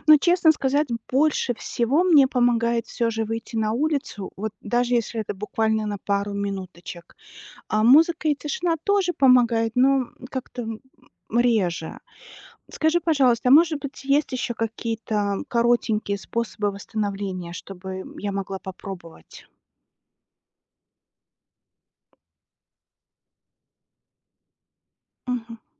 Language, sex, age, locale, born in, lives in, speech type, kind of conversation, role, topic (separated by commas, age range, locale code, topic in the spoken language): Russian, female, 40-44, ru-RU, Belarus, United States, read, expert-assistant, asker, Каким образом можно разумно чередовать работу и отдых, чтобы тело чувствовало себя лучше?